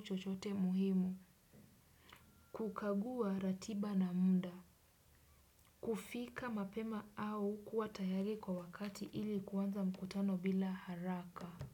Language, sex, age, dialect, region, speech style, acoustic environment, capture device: Swahili, female, 18-24, Kenya - Nairobi Sheng-influenced, Kenya, relaxed, silence, desktop / Windows / Chrome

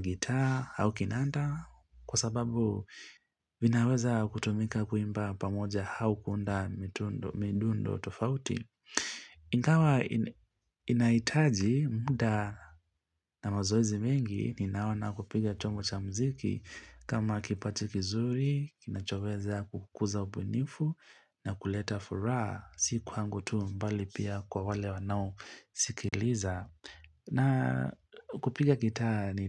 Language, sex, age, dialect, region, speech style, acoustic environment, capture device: Swahili, male, 25-34, Kenya - Mombasa, Kenya, relaxed; focused; happy, nature; silence, mobile / Linux / Chrome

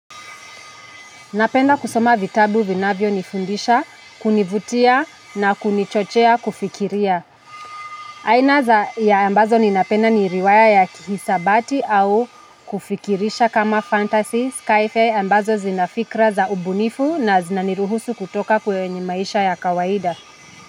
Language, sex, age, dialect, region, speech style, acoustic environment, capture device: Swahili, female, 25-34, Kenya - Nairobi Sheng-influenced, Kenya, anxious, traffic, mobile / Linux / Chrome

